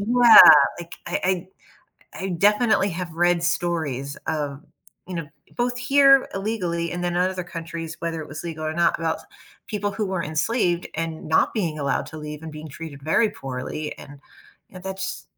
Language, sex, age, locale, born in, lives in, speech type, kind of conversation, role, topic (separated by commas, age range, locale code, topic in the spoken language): English, female, 45-49, en-US, United States, United States, conversation, unstructured, none, How do events from the past continue to shape our feelings and relationships today?